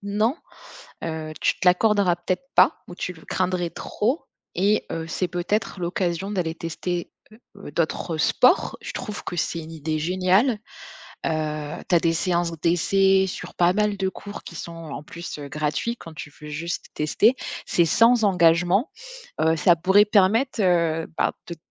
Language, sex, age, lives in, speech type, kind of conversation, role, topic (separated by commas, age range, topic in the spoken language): French, female, 30-34, France, conversation, advice, advisor, Quelle est votre principale difficulté à maintenir une routine d’exercice régulière ?